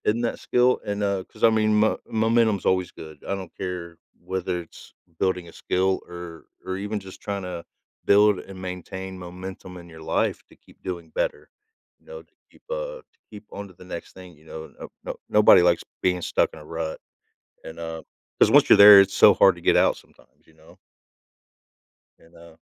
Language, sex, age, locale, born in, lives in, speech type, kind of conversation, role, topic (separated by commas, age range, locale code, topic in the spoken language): English, male, 40-44, en-US, United States, United States, conversation, podcast, guest, Why are certain skills considered essential for everyone to learn in today's world?